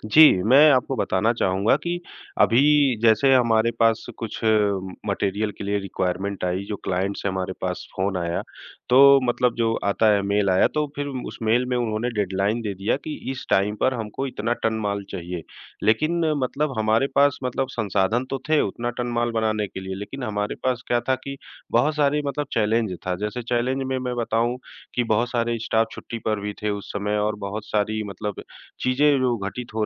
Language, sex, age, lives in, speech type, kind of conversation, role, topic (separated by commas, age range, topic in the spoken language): Hindi, male, 40-44, India, conversation, advice, user, समय के दबाव में आपकी रचनात्मकता कैसे ठहर जाती है?